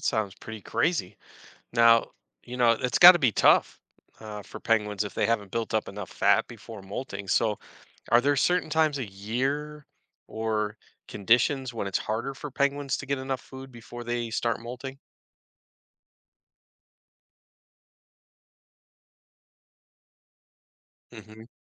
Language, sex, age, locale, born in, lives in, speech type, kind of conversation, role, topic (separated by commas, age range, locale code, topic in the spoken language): English, male, 50-54, en-US, United States, United States, read, expert-assistant, asker, How do penguins survive and stay warm in extreme cold environments?